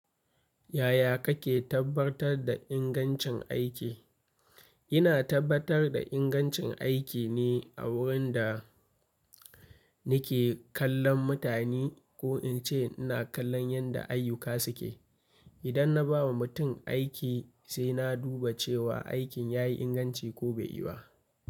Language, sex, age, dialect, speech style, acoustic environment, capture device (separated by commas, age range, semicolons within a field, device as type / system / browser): Hausa, male, 25-34, Nigeria - Kano, happy; focused, nature; silence, mobile / Linux / Chrome